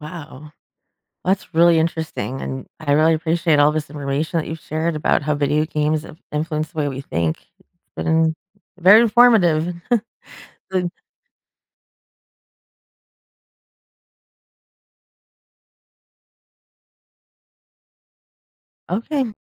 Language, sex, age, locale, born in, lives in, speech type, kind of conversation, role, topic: English, female, 40-44, en-US, United States, United States, read, expert-assistant, asker, How do video games influence the way we think?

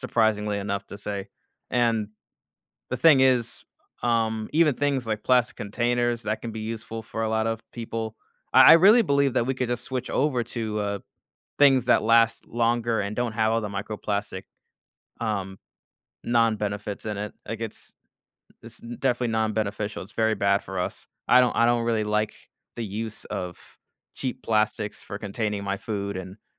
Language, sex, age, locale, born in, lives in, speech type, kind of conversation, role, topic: English, male, 25-29, en-US, United States, United States, conversation, podcast, host, How does plastic pollution change the health of our environment and the creatures living in it?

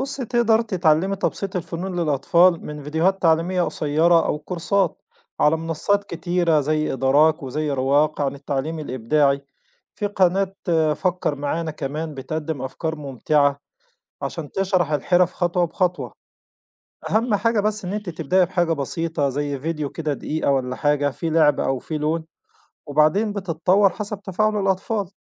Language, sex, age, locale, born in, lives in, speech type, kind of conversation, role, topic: Arabic, male, 40-44, ar-EG, Egypt, Egypt, read, expert-assistant, expert, إزاي ممكن نستغل التكنولوجيا علشان نحيي الفنون الشعبية المصرية ونوصّلها للعالم كله؟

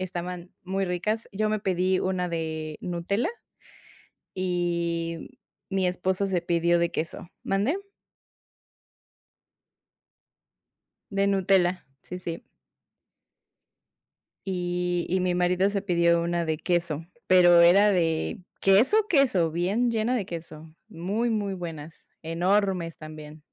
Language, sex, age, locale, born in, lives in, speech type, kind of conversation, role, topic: Spanish, female, 30-34, es-MX, Mexico, Mexico, conversation, podcast, guest, ¿Cuál ha sido tu comida callejera favorita durante un viaje?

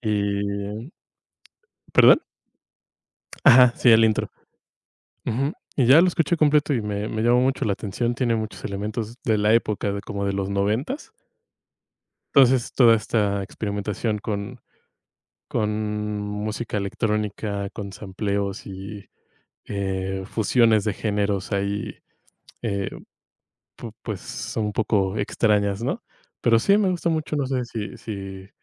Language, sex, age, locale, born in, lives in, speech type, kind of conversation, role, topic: Spanish, male, 25-29, es-MX, Mexico, Mexico, conversation, unstructured, none, ¿Has descubierto a algún artista nuevo que te haya sorprendido?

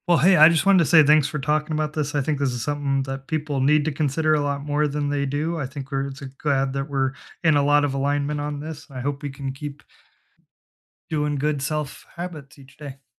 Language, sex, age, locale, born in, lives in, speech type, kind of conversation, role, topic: English, male, 30-34, en-US, United States, United States, conversation, unstructured, none, What simple self-care habits do you actually keep doing?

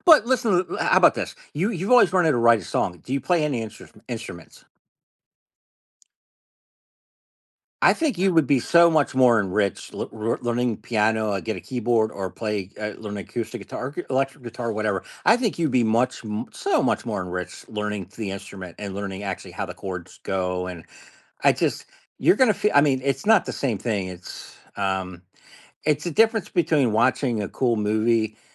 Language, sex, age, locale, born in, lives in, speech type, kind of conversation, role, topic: English, male, 50-54, en-US, United States, United States, conversation, podcast, guest, What influences the way you find and enjoy new music these days?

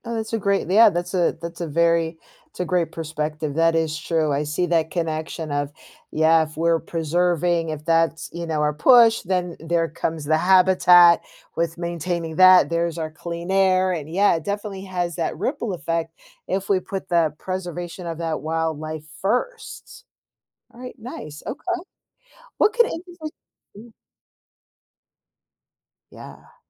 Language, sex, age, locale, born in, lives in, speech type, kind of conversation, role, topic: English, female, 45-49, en-US, United States, United States, conversation, podcast, host, How does protecting wildlife benefit both nature and people?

